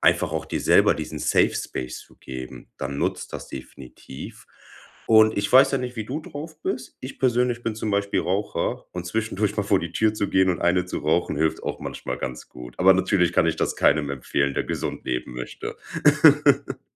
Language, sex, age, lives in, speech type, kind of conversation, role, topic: German, male, 25-29, Germany, conversation, advice, advisor, Wie kann ich meine persönlichen Grenzen klar setzen und dabei selbstbewusst bleiben?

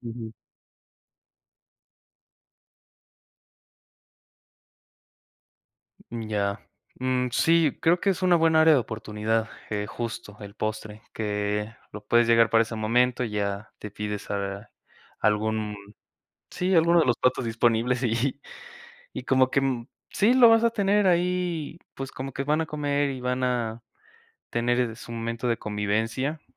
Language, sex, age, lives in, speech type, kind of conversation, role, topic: Spanish, male, 20-24, Mexico, conversation, advice, advisor, ¿Cómo puedo mantener mis hábitos saludables durante cenas sociales y eventos con amigos?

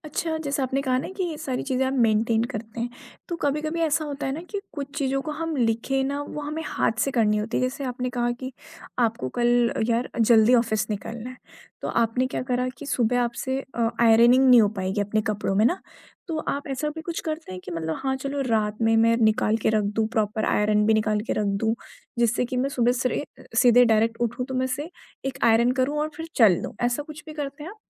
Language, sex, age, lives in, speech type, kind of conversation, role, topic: Hindi, female, 20-24, India, conversation, podcast, host, Consistency banaye rakhne ke liye tum roz kya karte ho?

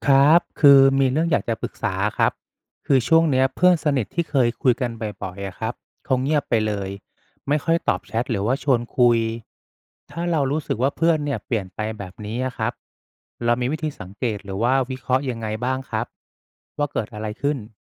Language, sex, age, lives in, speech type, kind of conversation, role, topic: Thai, male, 35-39, Thailand, read, expert-assistant, asker, เมื่อความสัมพันธ์ระหว่างเพื่อนเริ่มห่างเหินหรือเย็นชา มีวิธีสังเกตและวิเคราะห์สาเหตุได้อย่างไรบ้าง?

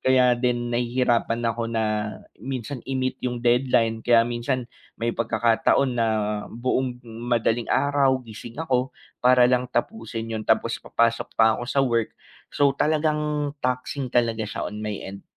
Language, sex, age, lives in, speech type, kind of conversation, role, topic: Filipino, male, 25-29, Philippines, conversation, advice, user, Paano ako makaiiwas sa pagpapaliban at makapagtatakda ng regular na oras para sa malikhaing paggawa?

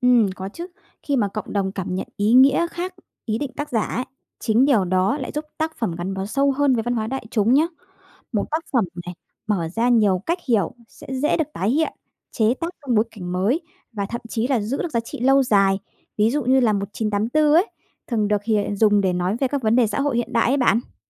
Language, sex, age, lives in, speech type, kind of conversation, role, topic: Vietnamese, female, 30-34, Vietnam, read, expert-assistant, expert, Có đúng là nhiều tác phẩm văn học kinh điển từng bị chê bai khi mới ra đời không?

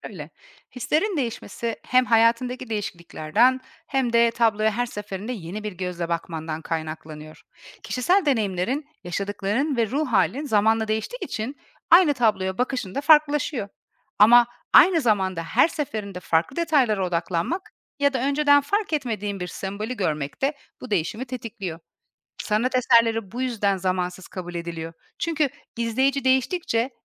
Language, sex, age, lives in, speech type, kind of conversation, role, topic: Turkish, female, 40-44, Portugal, read, expert-assistant, expert, Sanat eserleri izleyicide neden farklı duygular uyandırır?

